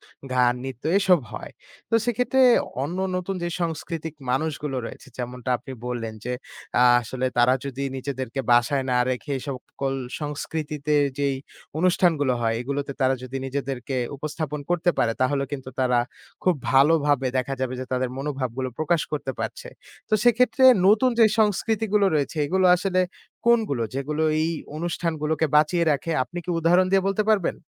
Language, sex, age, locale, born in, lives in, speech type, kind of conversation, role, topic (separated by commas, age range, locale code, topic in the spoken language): Bengali, male, 20-24, bn-BD, Bangladesh, Bangladesh, conversation, podcast, host, স্থানীয় অনুষ্ঠানে অংশ নেওয়ার উপকারিতা কী?